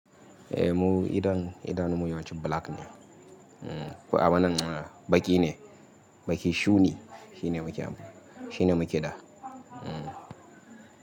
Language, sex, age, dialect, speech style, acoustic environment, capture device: Hausa, male, 25-34, Nigeria - Kano, happy, nature, mobile / Linux / Chrome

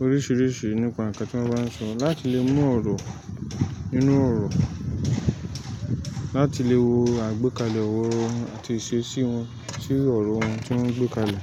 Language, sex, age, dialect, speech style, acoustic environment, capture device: Yoruba, male, 25-34, Nigeria - Lagos Yoruba, relaxed, silence; nature, mobile / Linux / Chrome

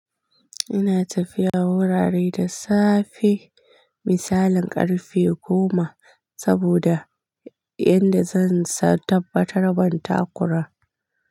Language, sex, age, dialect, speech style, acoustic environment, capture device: Hausa, female, 25-34, Nigeria - Kano, relaxed, silence, mobile / Linux / Chrome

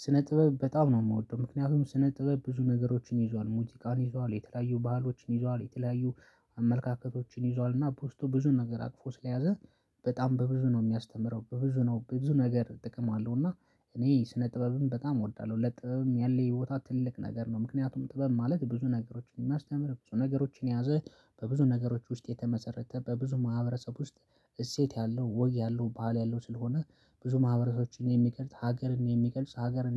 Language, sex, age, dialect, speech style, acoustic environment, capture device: Amharic, male, 25-34, Ethiopia - Addis Ababa, focused, nature, mobile / Linux / Chrome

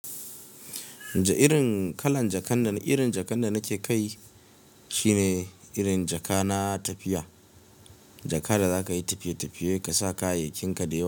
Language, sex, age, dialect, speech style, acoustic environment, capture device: Hausa, male, 25-34, Nigeria - Kano, relaxed, nature; silence, mobile / Linux / Chrome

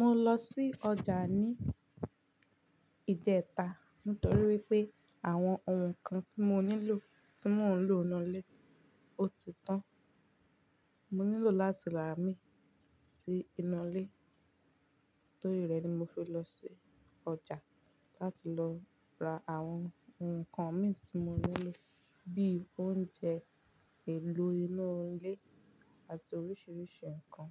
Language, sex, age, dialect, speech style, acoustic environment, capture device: Yoruba, female, 18-24, Nigeria - Ibadan, focused; relaxed, nature; silence, mobile / Linux / Chrome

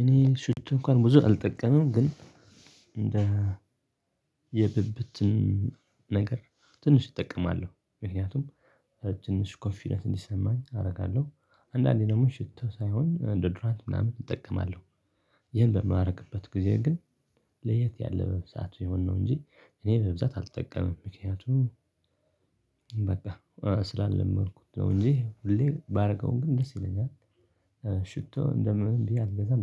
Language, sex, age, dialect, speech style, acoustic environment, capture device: Amharic, male, 25-34, Ethiopia - Tigray, focused, silence; people talking, desktop / Linux / Chrome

